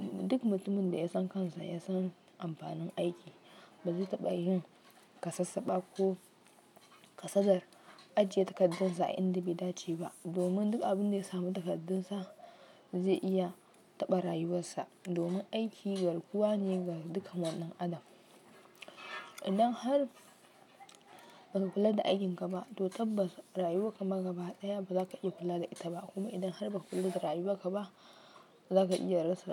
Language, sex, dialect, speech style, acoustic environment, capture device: Hausa, female, Nigeria - Kano, focused, nature, mobile / Linux / Chrome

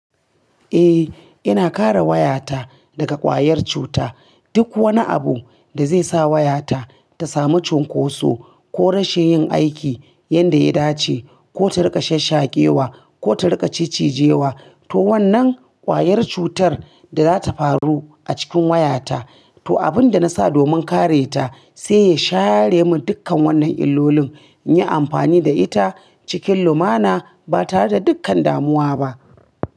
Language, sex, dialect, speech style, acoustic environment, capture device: Hausa, female, Nigeria - Kano, relaxed; focused, appliances; nature; silence, mobile / Linux / Chrome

